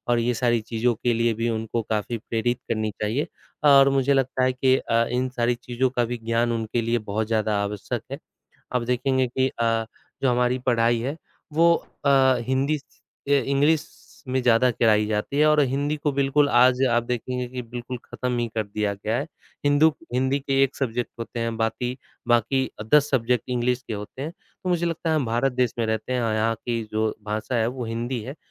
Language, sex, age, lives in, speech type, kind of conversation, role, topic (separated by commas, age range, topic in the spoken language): Hindi, male, 25-29, India, conversation, unstructured, none, क्या आज के स्कूलों में बच्चों को सही शिक्षा मिल रही है?